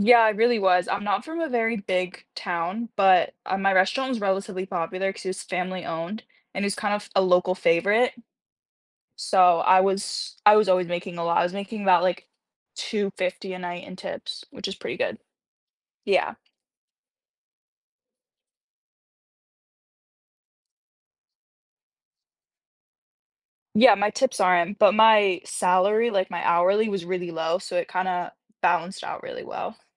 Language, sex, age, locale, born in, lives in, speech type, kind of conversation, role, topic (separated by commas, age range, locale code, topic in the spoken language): English, female, 18-19, en-US, United States, United States, conversation, unstructured, none, Would you rather prioritize work-life balance or career advancement?